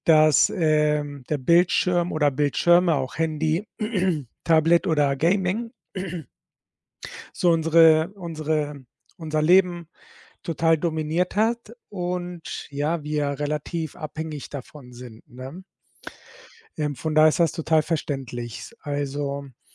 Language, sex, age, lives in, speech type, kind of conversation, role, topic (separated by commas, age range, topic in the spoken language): German, male, 40-44, Spain, conversation, advice, advisor, Wie beeinträchtigt Bildschirmzeit vor dem Einschlafen deine Abendroutine?